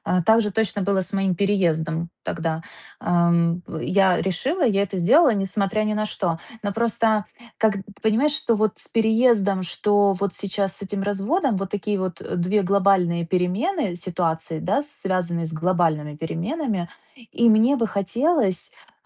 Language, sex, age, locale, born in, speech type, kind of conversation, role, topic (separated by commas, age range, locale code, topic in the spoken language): Russian, female, 30-34, ru-RU, Ukraine, conversation, advice, user, Как мне отстоять свои убеждения, когда на меня давит группа?